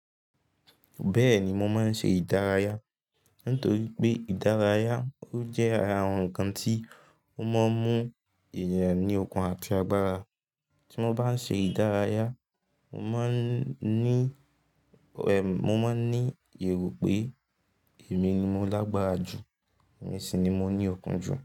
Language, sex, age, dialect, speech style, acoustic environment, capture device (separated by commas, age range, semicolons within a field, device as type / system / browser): Yoruba, male, 18-24, Nigeria - Ibadan, focused; relaxed, silence, mobile / Linux / Chrome